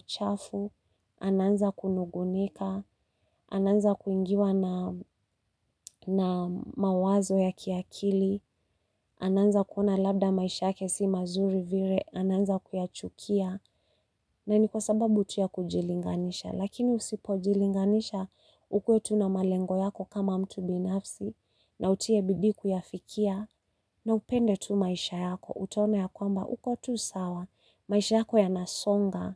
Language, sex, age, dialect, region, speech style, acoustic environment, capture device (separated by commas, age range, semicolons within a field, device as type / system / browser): Swahili, female, 35-44, Kenya - Nairobi Sheng-influenced, Kenya, stressed, people talking, mobile / Linux / Chrome